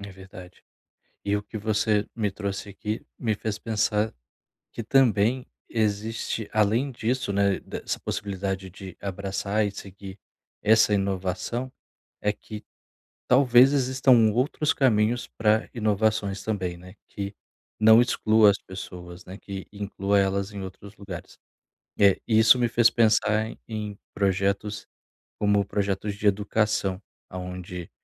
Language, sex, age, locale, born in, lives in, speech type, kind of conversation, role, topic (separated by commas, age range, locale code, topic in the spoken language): Portuguese, male, 30-34, pt-BR, Brazil, Portugal, conversation, advice, user, Como posso estabelecer limites no trabalho sem criar conflitos?